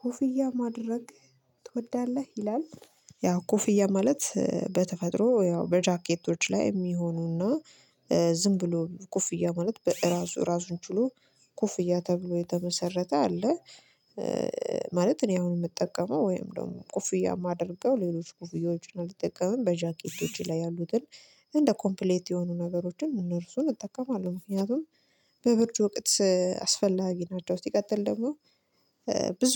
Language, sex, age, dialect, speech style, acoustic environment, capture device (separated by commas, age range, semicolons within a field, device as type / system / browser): Amharic, female, 25-34, Ethiopia - Addis Ababa, relaxed; focused, silence, mobile / Linux / Chrome